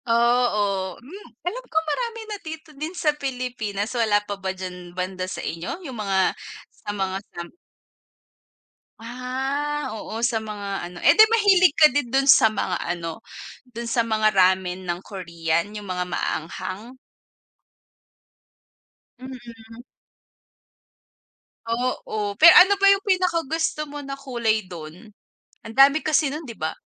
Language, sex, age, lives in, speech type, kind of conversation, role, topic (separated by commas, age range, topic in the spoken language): Filipino, female, 25-29, Philippines, conversation, unstructured, none, Ano ang pinakapaborito mong pagkaing kalye at bakit?